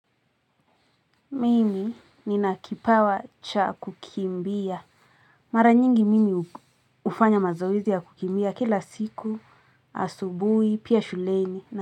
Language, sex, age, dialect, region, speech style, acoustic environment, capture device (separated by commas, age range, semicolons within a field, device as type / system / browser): Swahili, female, 18-24, Kenya - Nairobi Sheng-influenced, Kenya, happy; anxious, people talking; music; nature, mobile / Linux / Chrome